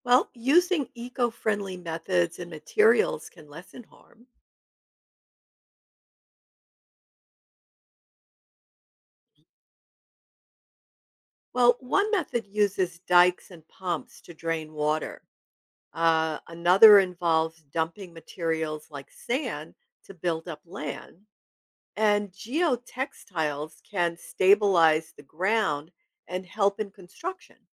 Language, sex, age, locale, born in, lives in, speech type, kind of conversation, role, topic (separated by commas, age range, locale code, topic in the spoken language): English, female, 70-74, en-US, United States, United States, read, expert-assistant, expert, What is the process of land reclamation and its importance?